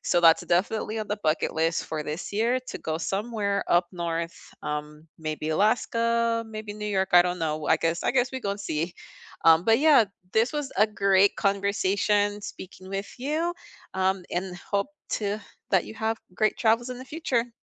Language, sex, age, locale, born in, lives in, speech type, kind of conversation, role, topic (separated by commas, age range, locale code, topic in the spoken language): English, female, 30-34, en-US, United States, United States, conversation, unstructured, none, What is a travel memory you often revisit in your mind?